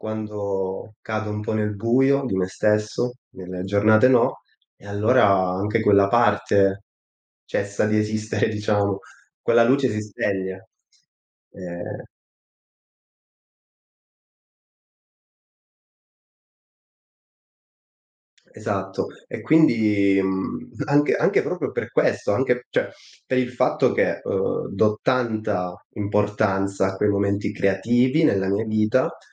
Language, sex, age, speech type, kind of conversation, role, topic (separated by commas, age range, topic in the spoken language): Italian, male, 25-29, conversation, podcast, guest, Come trovi l’ispirazione nei giorni no?